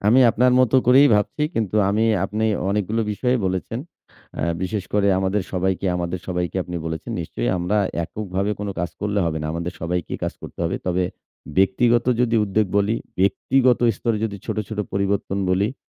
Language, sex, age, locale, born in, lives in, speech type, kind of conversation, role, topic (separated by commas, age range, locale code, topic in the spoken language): Bengali, male, 40-44, bn-BD, Bangladesh, Bangladesh, conversation, unstructured, none, পৃথিবীর জলবায়ু পরিবর্তন সম্পর্কে আপনার মতামত কী?